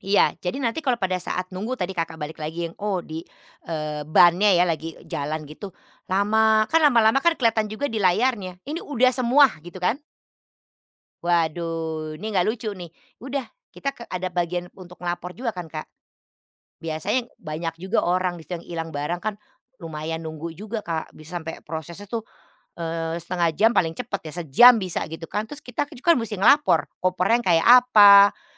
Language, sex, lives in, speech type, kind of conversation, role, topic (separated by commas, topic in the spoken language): Indonesian, female, Netherlands, conversation, podcast, guest, Apakah kamu pernah kehilangan koper di tengah perjalanan, dan apa yang kamu lakukan saat itu?